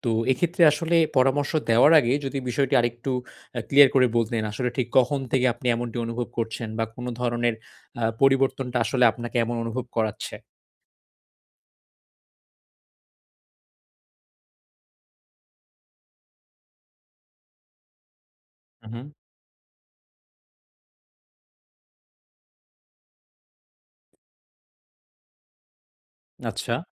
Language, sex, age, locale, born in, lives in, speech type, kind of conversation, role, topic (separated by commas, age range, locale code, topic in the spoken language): Bengali, male, 20-24, bn-BD, Bangladesh, Bangladesh, conversation, advice, advisor, পরিবর্তনের সময় আমি কীভাবে কাউকে মানসিকভাবে সমর্থন করতে পারি?